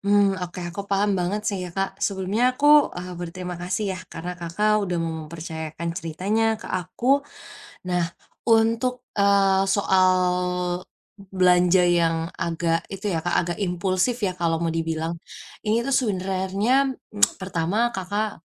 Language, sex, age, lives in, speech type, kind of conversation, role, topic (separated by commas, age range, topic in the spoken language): Indonesian, female, 20-24, Indonesia, conversation, advice, advisor, Bagaimana cara mengurangi kebiasaan boros saat berbelanja tanpa rencana?